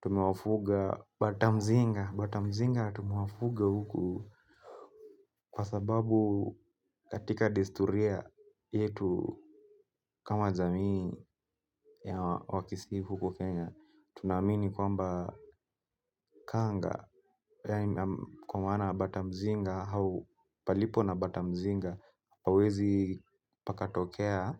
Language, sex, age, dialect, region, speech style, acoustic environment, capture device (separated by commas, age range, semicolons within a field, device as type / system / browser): Swahili, male, 18-24, Kenya - Nairobi Sheng-influenced, Kenya, relaxed, people talking; music; nature, mobile / Linux / Chrome